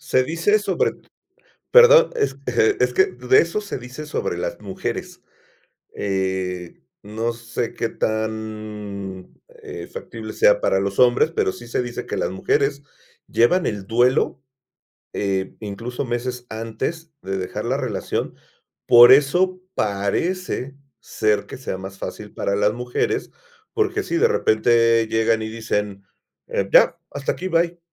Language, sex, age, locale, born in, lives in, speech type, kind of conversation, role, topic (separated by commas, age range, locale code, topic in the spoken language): Spanish, male, 50-54, es-MX, Mexico, Mexico, conversation, podcast, host, ¿Cómo decides si es mejor seguir en una relación o terminarla?